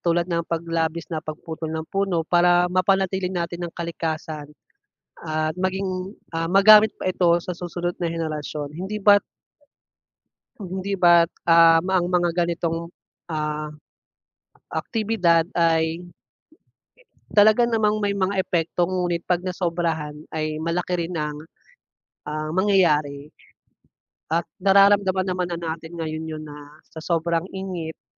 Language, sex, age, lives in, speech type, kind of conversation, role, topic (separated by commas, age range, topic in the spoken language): Filipino, male, 25-29, Philippines, conversation, unstructured, none, Paano naapektuhan ng pagputol ng mga puno ang inyong lugar?